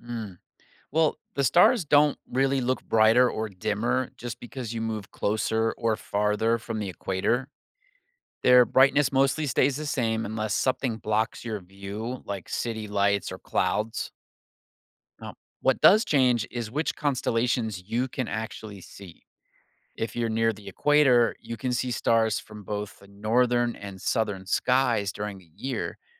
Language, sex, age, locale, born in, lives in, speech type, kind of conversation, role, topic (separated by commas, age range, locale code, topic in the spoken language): English, male, 45-49, en-US, United States, United States, read, expert-assistant, expert, Why do constellations change with the seasons?